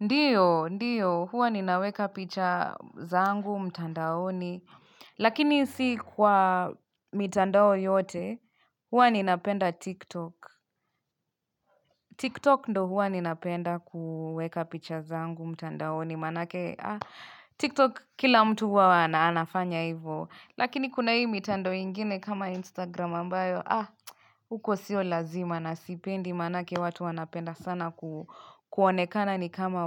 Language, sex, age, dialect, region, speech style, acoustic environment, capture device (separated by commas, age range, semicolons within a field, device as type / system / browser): Swahili, female, 25-34, Kenya - Nairobi Sheng-influenced, Kenya, relaxed, nature, mobile / Linux / Chrome